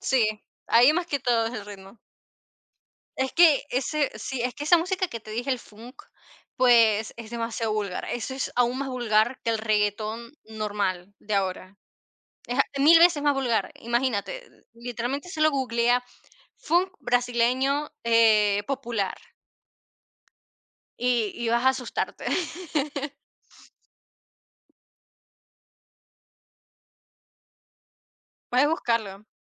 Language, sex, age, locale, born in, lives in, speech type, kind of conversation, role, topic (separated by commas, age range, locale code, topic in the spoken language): Spanish, female, 50-54, es-CL, Venezuela, Portugal, conversation, unstructured, none, ¿Qué papel juega la música en tu forma de expresarte?